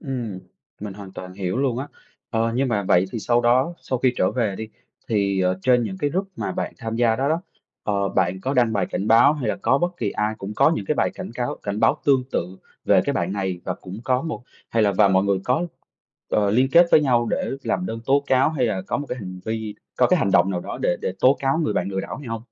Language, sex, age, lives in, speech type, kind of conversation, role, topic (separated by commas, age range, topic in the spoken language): Vietnamese, male, 30-34, Vietnam, conversation, podcast, host, Bạn có thể kể về một lần bạn gặp lừa đảo trực tuyến và bài học bạn rút ra từ đó không?